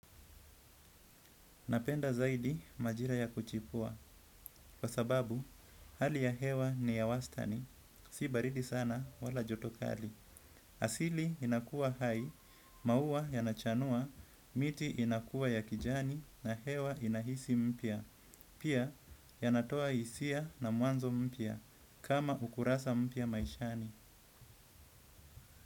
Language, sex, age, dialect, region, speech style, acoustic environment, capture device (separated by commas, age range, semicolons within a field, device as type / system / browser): Swahili, male, 25-34, Kenya - Mombasa, Kenya, happy; relaxed; excited; focused, nature; appliances, desktop / Windows / Edge